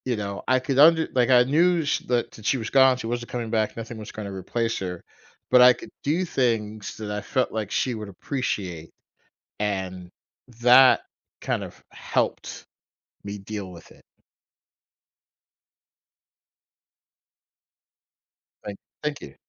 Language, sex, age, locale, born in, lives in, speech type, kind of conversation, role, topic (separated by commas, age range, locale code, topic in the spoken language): English, male, 45-49, en-US, United States, United States, conversation, podcast, host, What are some meaningful ways people find comfort and healing after losing someone important to them?